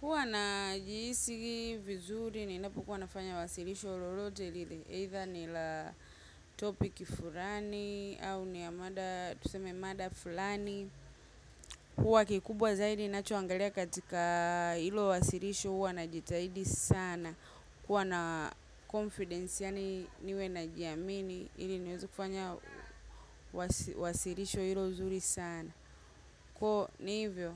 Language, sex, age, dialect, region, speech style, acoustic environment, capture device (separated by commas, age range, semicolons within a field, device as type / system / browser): Swahili, female, 25-34, Tanzania - Dar es Salaam, Tanzania, happy; relaxed, silence, mobile / macOS / Safari